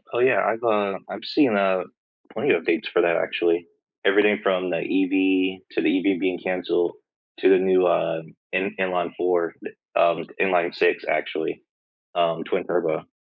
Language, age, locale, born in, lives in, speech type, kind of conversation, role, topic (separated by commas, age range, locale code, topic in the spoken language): English, 25-29, en-US, United States, United States, conversation, unstructured, none, What hobby are you most excited to start this year?